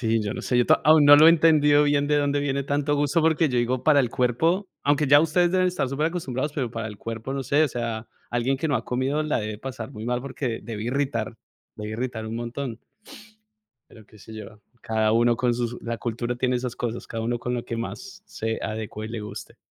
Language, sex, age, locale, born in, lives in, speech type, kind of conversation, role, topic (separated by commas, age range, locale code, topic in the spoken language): Spanish, male, 40-44, es-CO, Colombia, United States, conversation, unstructured, none, ¿Te gusta probar comidas nuevas o prefieres la comida tradicional?